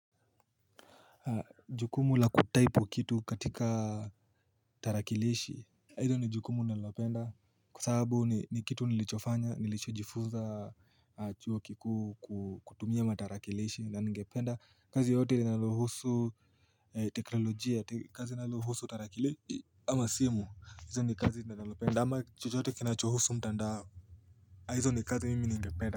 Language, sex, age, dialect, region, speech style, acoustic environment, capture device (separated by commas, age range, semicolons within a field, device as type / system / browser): Swahili, male, 18-24, Kenya - Nairobi Sheng-influenced, Kenya, happy; focused; tired, nature; people talking; music; construction, mobile / Linux / Chrome